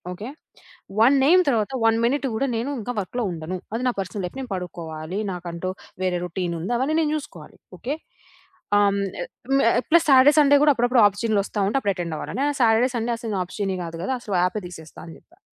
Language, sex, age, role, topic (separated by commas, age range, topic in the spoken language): Telugu, female, 25-29, guest, మీ బాస్‌కు మీ పని పరిమితులను గౌరవంగా, స్పష్టంగా ఎలా చెప్పొచ్చు?